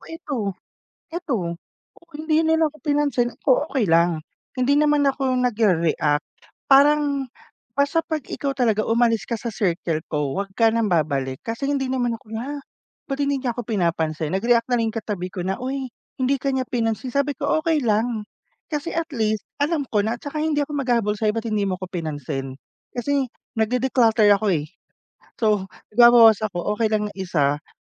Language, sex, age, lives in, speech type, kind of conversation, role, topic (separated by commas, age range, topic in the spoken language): Filipino, male, 30-34, Philippines, conversation, unstructured, none, Paano mo nilulutas ang hindi pagkakaunawaan ninyo ng kaibigan mo?